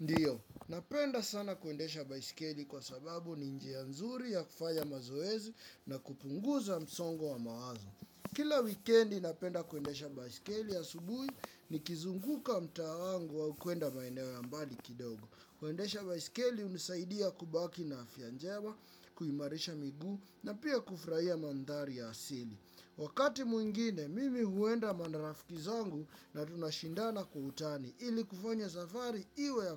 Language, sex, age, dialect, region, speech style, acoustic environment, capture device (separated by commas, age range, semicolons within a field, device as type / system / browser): Swahili, male, 25-34, Kenya - Nairobi Sheng-influenced, Kenya, relaxed, silence, desktop / Windows / Chrome